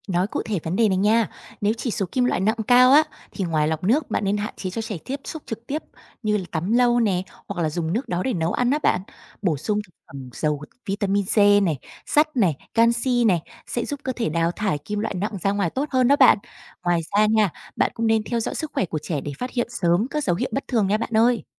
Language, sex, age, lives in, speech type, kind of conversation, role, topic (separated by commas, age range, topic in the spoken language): Vietnamese, female, 30-34, Vietnam, read, expert-assistant, expert, Gần đây nước máy ở nhà tôi thường đục hơn bình thường, nguyên nhân môi trường nào có thể gây ra hiện tượng này?